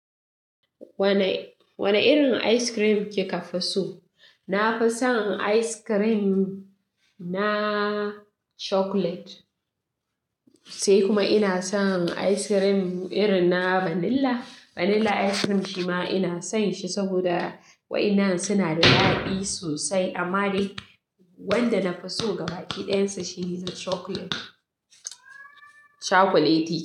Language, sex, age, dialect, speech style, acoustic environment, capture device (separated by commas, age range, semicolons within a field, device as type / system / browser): Hausa, female, 25-34, Nigeria - Katsina, happy, people talking, mobile / Linux / Chrome